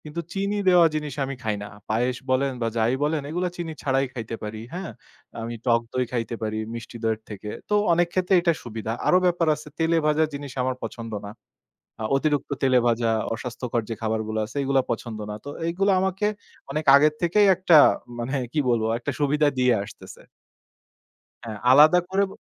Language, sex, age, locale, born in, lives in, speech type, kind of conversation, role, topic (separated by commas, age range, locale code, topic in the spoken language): Bengali, male, 25-29, bn-BD, Bangladesh, Bangladesh, conversation, podcast, guest, নিয়মিত ব্যায়াম শুরু করার সহজ উপায় কী?